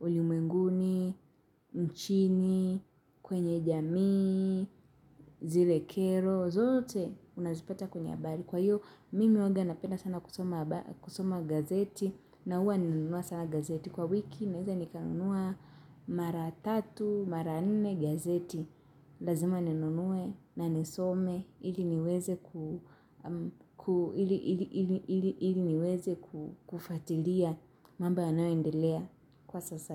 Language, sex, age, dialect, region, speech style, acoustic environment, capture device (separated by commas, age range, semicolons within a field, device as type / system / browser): Swahili, female, 18-24, Kenya - Nairobi Sheng-influenced, Kenya, happy; relaxed; nervous; excited; focused, silence; nature, mobile / Linux / Chrome